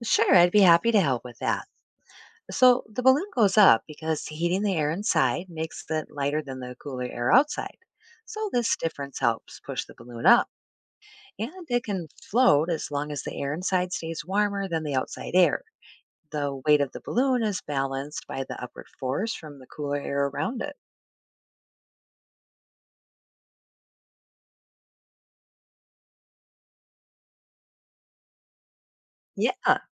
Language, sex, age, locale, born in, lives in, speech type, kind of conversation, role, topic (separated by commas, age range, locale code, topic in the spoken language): English, female, 45-49, en-US, United States, United States, read, expert-assistant, expert, How does a hot air balloon rise and float?